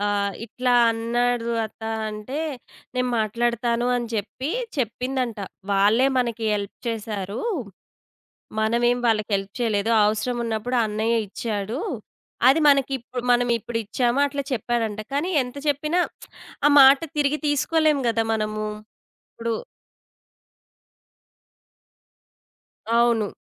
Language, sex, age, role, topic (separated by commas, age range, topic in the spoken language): Telugu, female, 30-34, guest, చేసిన తప్పుల నుంచి మీరు ఏమి నేర్చుకున్నారు?